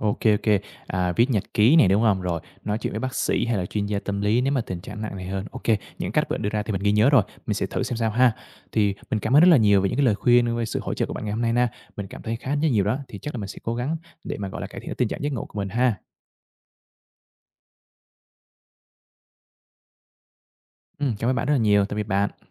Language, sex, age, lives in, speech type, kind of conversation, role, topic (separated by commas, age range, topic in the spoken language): Vietnamese, male, 25-29, Vietnam, conversation, advice, user, Làm sao để dễ ngủ hơn khi bạn hay lo lắng và suy nghĩ nhiều trước khi đi ngủ?